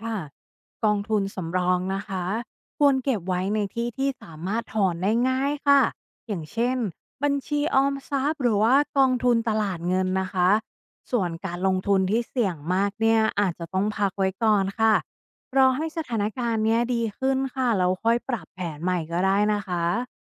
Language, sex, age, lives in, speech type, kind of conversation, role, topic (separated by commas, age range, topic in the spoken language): Thai, female, 35-39, Thailand, read, expert-assistant, expert, ควรวางแผนการเงินครอบครัวยังไงหากกังวลเศรษฐกิจผันผวน?